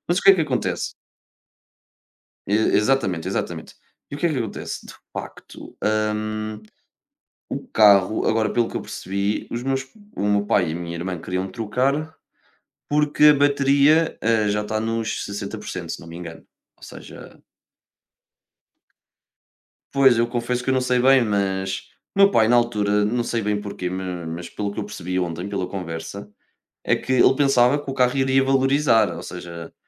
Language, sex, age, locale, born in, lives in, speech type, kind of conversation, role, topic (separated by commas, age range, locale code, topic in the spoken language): Portuguese, male, 20-24, pt-PT, Portugal, Portugal, conversation, unstructured, none, Qual é a sua abordagem para resolver conflitos familiares?